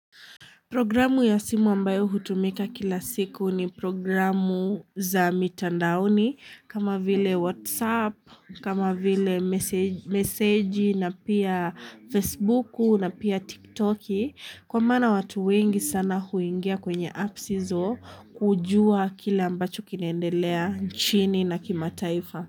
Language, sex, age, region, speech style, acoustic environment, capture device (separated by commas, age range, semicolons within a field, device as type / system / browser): Swahili, female, 18-24, Kenya, happy, people talking, mobile / Linux / Chrome